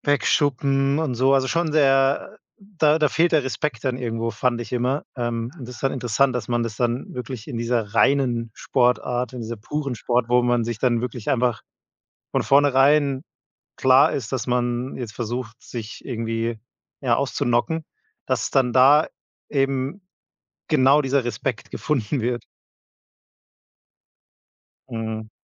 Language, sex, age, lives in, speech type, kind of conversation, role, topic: German, male, 30-34, Germany, conversation, podcast, host, Welches Hobby gibt dir am meisten Energie?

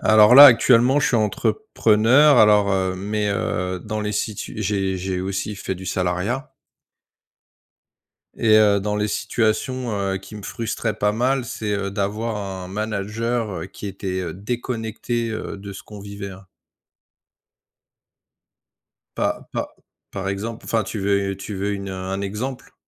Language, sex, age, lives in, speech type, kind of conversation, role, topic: French, male, 45-49, France, conversation, unstructured, none, Qu’est-ce qui te frustre le plus au travail ou à l’école ?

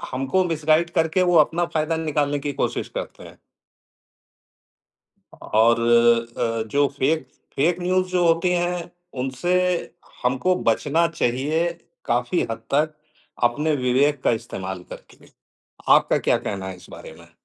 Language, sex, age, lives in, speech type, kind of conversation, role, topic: Hindi, male, 55-59, India, conversation, unstructured, none, क्या आपको लगता है कि आजकल झूठी खबरें ज़्यादा फैल रही हैं?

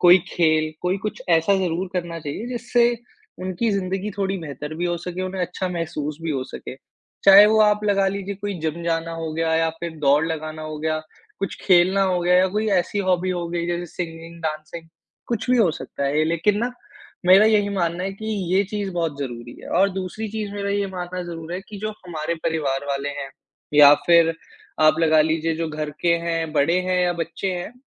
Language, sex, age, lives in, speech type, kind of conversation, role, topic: Hindi, male, 55-59, India, conversation, podcast, guest, अपने दिन को बेहतर बनाने के छोटे-छोटे तरीके क्या हैं?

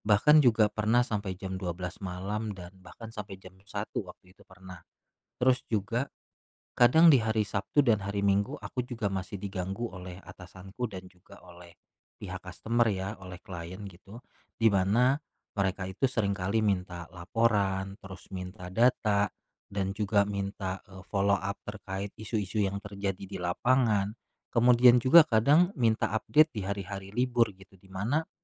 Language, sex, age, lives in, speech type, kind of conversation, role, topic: Indonesian, male, 35-39, Indonesia, conversation, advice, user, Bagaimana cara menyeimbangkan pekerjaan startup saya dengan kehidupan pribadi dan keluarga?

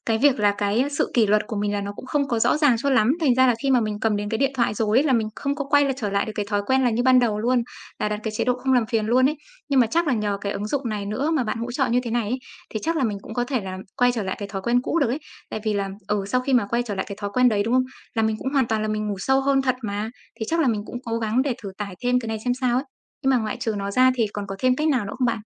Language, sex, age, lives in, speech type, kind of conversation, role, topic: Vietnamese, female, 25-29, Vietnam, conversation, advice, user, Làm sao để bỏ thói quen lướt điện thoại trước khi ngủ?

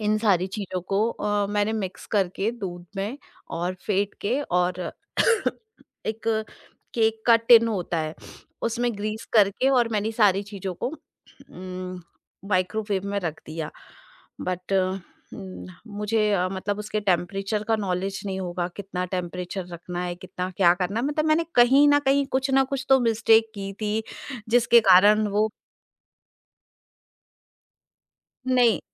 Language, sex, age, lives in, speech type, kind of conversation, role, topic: Hindi, female, 40-44, India, conversation, podcast, guest, Kaunsa aisa experiment tha jo tumhe sach mein hairaan kar gaya?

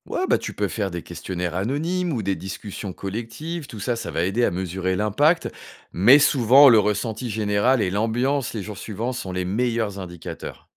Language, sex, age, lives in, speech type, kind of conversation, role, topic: French, male, 45-49, France, read, expert-assistant, expert, Qu’est-ce qui rend une réforme scolaire vraiment efficace pour aider les élèves à apprendre mieux ?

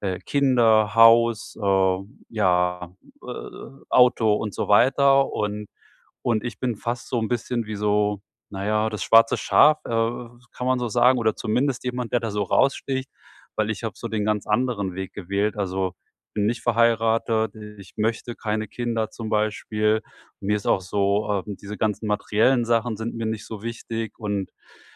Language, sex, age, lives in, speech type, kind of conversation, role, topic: German, male, 45-49, Germany, conversation, advice, user, Wie kann ich authentisch bleiben, wenn die Gesellschaft von mir andere Rollen erwartet?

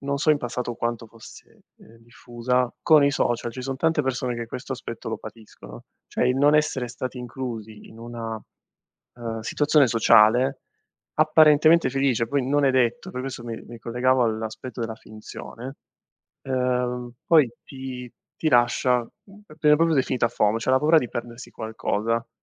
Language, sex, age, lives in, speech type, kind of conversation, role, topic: Italian, male, 25-29, Italy, conversation, podcast, host, Qual è il tuo rapporto con lo smartphone nella vita di tutti i giorni?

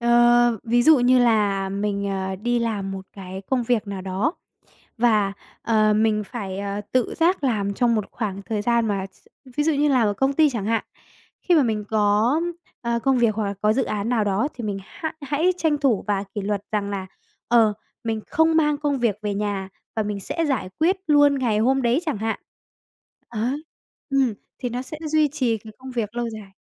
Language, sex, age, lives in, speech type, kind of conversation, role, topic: Vietnamese, female, 20-24, Vietnam, conversation, podcast, guest, Bạn làm gì để giữ động lực lâu dài trong công việc?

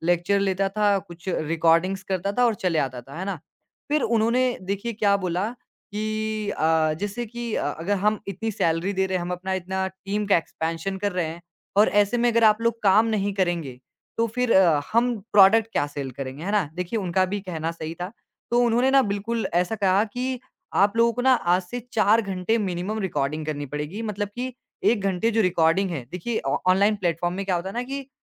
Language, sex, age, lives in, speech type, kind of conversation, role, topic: Hindi, male, 20-24, India, conversation, podcast, guest, किसी मुश्किल बातचीत को आप कैसे शुरू करते हैं?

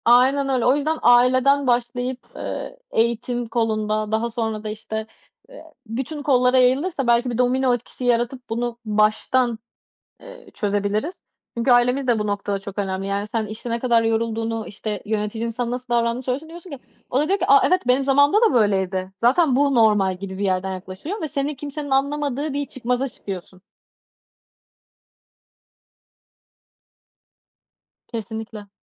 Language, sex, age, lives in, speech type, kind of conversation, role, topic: Turkish, female, 20-24, France, conversation, unstructured, none, İş yerinde mobbing neden bu kadar sık görülüyor?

